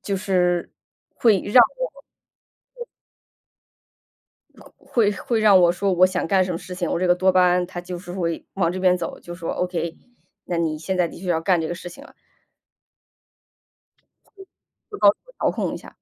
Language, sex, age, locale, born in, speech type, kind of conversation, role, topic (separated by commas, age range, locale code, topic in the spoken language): Chinese, female, 35-39, zh-CN, China, conversation, podcast, guest, 有哪次失败反而改变了你的人生方向吗？